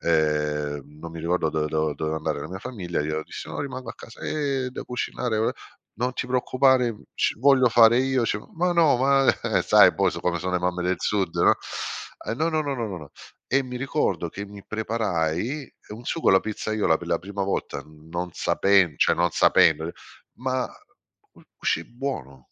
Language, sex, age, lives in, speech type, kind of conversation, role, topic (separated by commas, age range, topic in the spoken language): Italian, male, 50-54, Italy, conversation, podcast, guest, Qual è il tuo segreto per preparare un sugo perfetto?